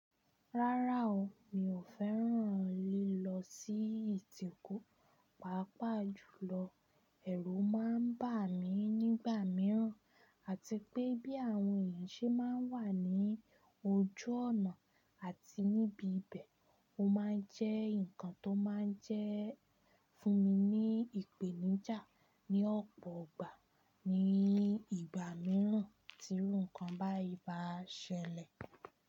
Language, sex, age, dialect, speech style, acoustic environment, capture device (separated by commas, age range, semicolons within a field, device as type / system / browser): Yoruba, female, 25-34, Nigeria - Ibadan, happy, silence, desktop / Linux / Chrome